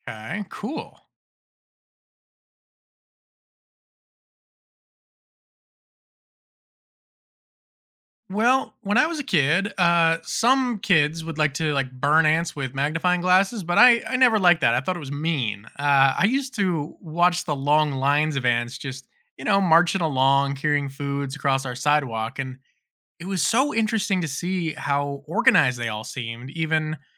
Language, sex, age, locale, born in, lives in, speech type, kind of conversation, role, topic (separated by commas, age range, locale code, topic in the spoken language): English, male, 30-34, en-US, United States, United States, read, expert-assistant, asker, How do ants find their way back to their nest?